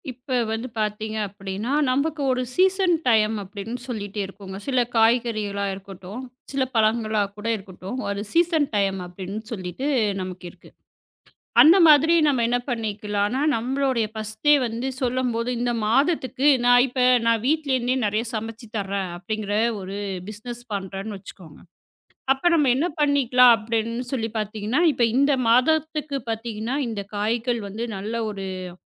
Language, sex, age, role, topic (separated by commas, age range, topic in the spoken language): Tamil, female, 35-39, guest, பட்ஜெட்டை கருத்தில் கொண்டு மெனுவை எப்படிப் திட்டமிடலாம்?